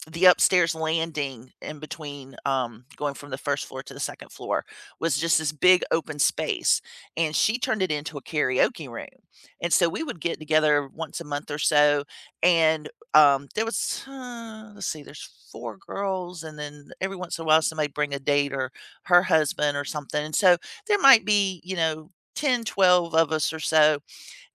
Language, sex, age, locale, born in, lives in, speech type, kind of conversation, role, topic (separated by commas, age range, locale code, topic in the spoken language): English, female, 50-54, en-US, United States, United States, conversation, unstructured, none, How do you like to celebrate holidays with your family?